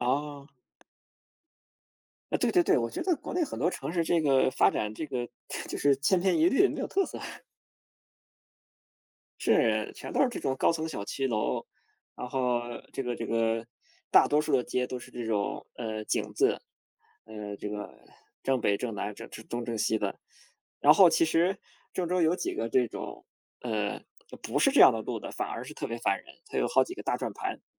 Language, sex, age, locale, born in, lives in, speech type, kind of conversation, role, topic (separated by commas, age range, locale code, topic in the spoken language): Chinese, male, 30-34, zh-CN, China, Germany, conversation, unstructured, none, 你最喜欢和朋友一起做哪些活动？